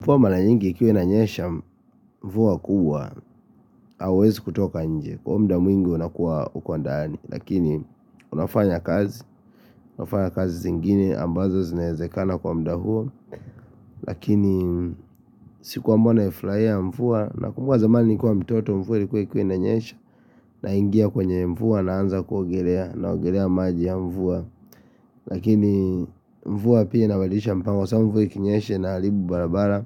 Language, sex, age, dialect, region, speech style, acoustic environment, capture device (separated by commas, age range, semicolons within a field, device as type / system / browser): Swahili, male, 25-34, Kenya - Nairobi Sheng-influenced, Kenya, relaxed, appliances, mobile / Linux / Chrome